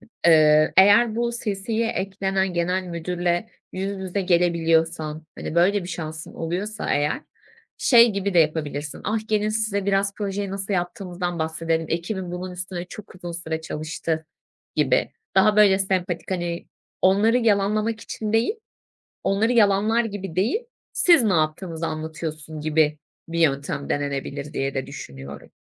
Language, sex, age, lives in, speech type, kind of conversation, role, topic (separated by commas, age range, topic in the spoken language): Turkish, female, 25-29, Germany, conversation, advice, advisor, İş yerindeki başarılarımı nasıl etkili bir şekilde paylaşabilir ve belgeleyebilirim?